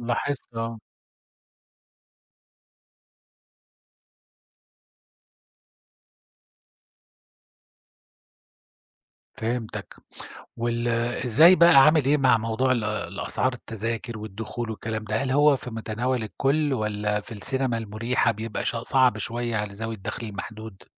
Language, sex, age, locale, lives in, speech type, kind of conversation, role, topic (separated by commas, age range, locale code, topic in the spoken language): Arabic, male, 50-54, ar-SA, Germany, conversation, podcast, host, احكيلي عن تجربة السينما عندكم في المنطقة عاملة إزاي؟